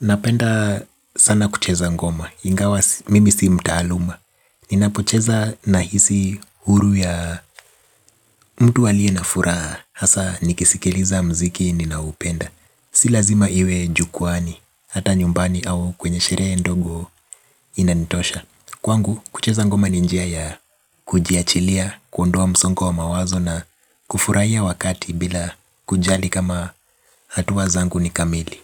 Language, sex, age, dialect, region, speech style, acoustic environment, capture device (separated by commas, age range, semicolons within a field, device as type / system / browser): Swahili, male, 25-34, Kenya - Nairobi Sheng-influenced, Kenya, relaxed, nature; construction; appliances, mobile / Linux / Chrome